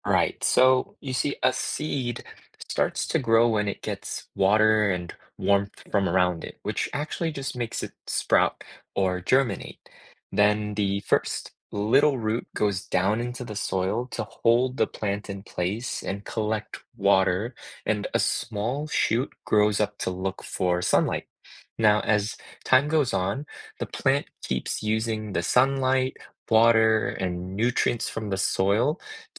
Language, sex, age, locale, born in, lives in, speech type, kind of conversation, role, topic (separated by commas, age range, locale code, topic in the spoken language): English, male, 25-29, en-US, United States, United States, read, expert-assistant, expert, How does a seed develop into a plant?